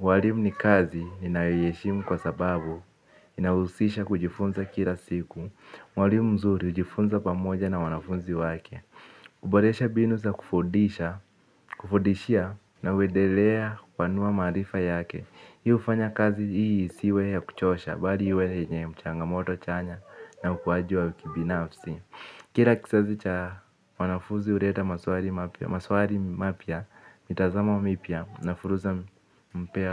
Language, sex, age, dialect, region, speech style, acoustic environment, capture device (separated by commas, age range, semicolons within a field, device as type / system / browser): Swahili, male, 18-24, Kenya - Nairobi Sheng-influenced, Kenya, focused; relaxed, people talking; nature, mobile / Linux / Chrome